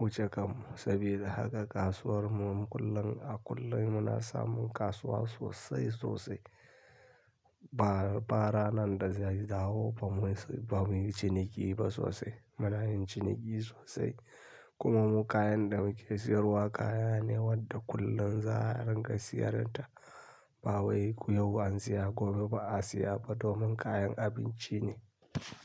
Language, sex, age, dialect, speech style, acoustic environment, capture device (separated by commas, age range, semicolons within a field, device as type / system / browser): Hausa, male, 25-34, Nigeria - Kano, happy; tired; anxious; relaxed; stressed; focused; excited; nervous, people talking; music; nature; silence; traffic; construction; appliances, mobile / Linux / Chrome